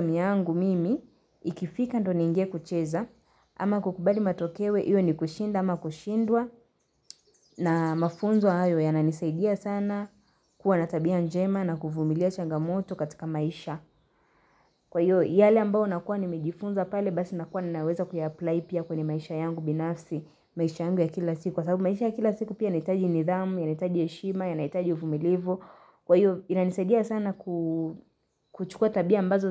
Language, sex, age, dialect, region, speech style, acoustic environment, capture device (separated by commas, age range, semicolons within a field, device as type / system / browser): Swahili, female, 18-24, Kenya - Nairobi Sheng-influenced, Kenya, happy; relaxed; excited; focused, nature; silence, mobile / Linux / Chrome